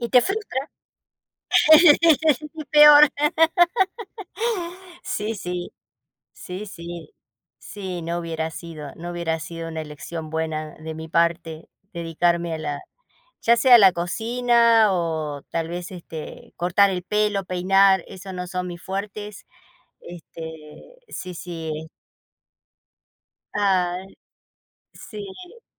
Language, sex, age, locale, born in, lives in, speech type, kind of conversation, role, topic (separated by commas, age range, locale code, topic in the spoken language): Spanish, female, 55-59, es-AR, Argentina, United States, conversation, unstructured, none, ¿Alguna vez has sentido que te juzgan por pedir ayuda emocional?